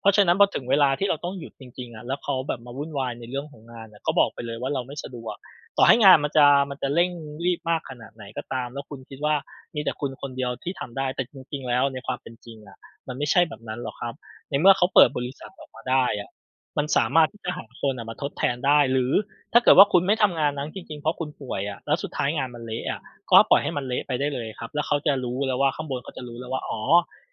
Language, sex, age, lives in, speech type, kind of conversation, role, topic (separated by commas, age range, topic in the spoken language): Thai, male, 35-39, Thailand, conversation, advice, advisor, คุณรู้สึกอย่างไรเมื่อถูกคาดหวังให้ทำงานล่วงเวลาเพราะคนอื่นมองว่าคุณพึ่งพาได้?